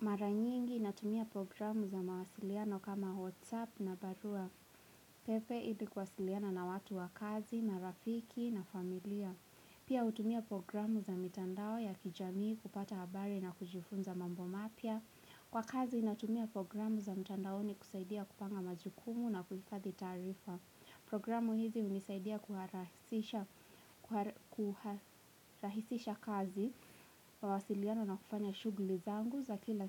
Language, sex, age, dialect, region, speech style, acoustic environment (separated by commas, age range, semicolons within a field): Swahili, female, 18-24, Kenya - Nairobi Sheng-influenced, Kenya, relaxed, silence; nature